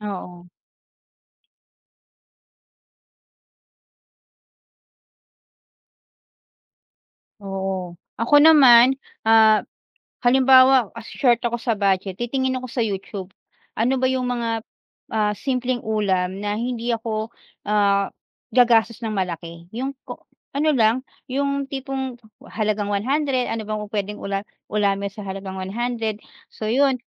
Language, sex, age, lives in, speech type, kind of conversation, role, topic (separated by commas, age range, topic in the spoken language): Filipino, female, 40-44, Philippines, conversation, unstructured, none, Paano ka naghahanda ng isang simpleng ulam para sa pamilya?